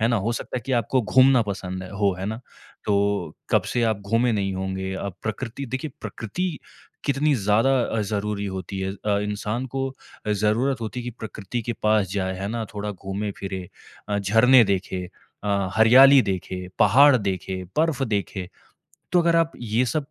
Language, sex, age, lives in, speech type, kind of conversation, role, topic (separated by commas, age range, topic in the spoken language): Hindi, male, 25-29, India, conversation, advice, advisor, मुझे काम और निजी जीवन के बीच सीमाएँ तय करना कहाँ से शुरू करना चाहिए?